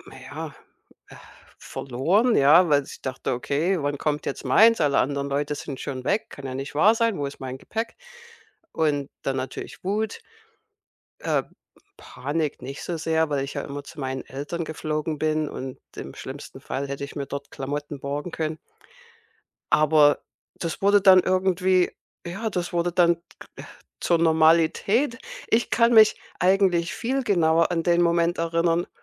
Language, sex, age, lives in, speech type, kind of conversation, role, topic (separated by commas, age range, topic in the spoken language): German, female, 55-59, United States, conversation, podcast, guest, Wie hast du dich gefühlt, als dein Gepäck verloren gegangen ist?